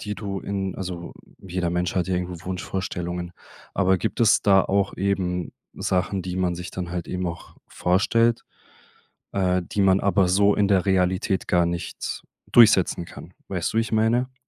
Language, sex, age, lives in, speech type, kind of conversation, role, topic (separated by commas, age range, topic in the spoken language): German, male, 25-29, Germany, conversation, podcast, host, Wie verbindest du persönliche Erfahrungen mit Fantasie?